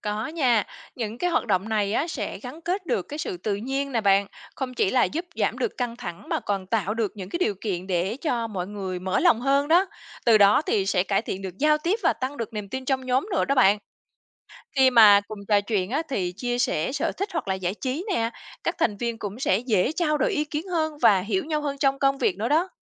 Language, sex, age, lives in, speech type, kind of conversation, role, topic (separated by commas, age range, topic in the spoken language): Vietnamese, female, 35-39, Vietnam, read, expert-assistant, expert, Làm thế nào để giúp nhóm lấy lại tinh thần và gắn kết khi gặp áp lực công việc?